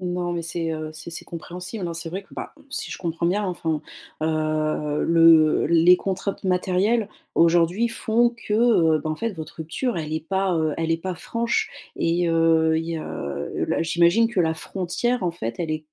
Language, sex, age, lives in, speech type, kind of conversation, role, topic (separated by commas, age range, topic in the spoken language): French, female, 35-39, France, conversation, advice, advisor, Comment surmonter une rupture récente et le choc émotionnel lié à la séparation ?